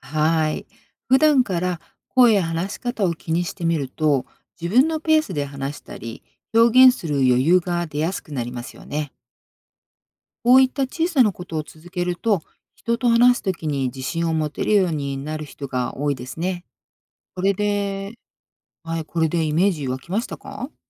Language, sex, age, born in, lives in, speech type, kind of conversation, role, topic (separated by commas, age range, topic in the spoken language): Japanese, female, 50-54, Japan, United States, read, expert-assistant, expert, どうして人の声は違って聞こえるのか？